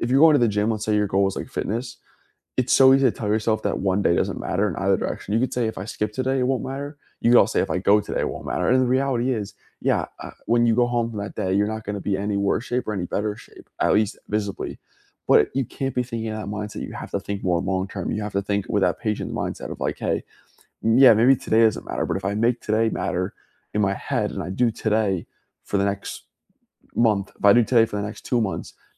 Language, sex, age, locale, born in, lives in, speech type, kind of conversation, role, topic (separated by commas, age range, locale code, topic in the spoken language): English, male, 20-24, en-US, United States, United States, conversation, unstructured, none, How do you stay motivated when working toward a big goal?